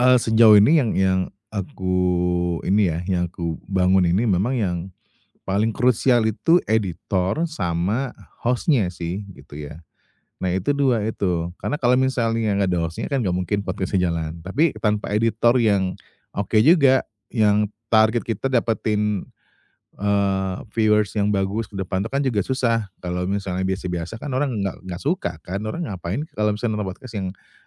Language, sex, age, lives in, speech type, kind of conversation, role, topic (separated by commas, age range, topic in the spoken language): Indonesian, male, 35-39, Indonesia, conversation, advice, user, Bagaimana cara membangun tim yang efektif dengan sumber daya terbatas?